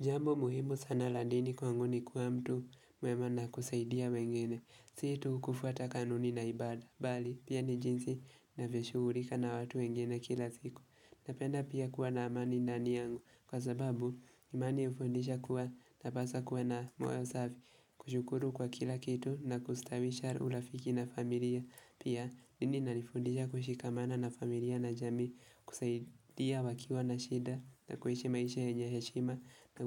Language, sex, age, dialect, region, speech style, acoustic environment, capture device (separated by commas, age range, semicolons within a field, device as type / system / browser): Swahili, male, 18-24, Kenya - Nairobi Sheng-influenced, Kenya, focused, silence, mobile / Linux / Chrome